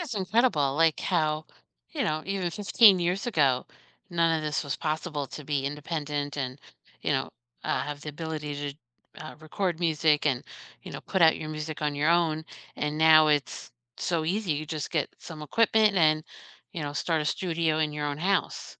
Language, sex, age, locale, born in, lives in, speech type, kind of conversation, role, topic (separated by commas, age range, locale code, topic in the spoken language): English, female, 50-54, en-US, United States, United States, conversation, unstructured, none, What small joy brightened your day this week?